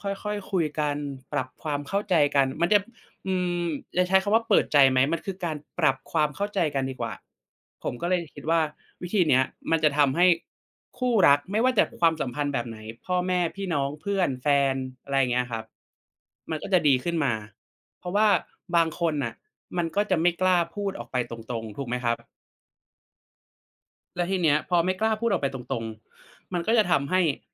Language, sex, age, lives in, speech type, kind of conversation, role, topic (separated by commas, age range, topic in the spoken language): Thai, male, 25-29, Thailand, conversation, unstructured, none, คุณคิดว่าการสื่อสารอย่างเปิดใจช่วยให้ความรักดีขึ้นไหม?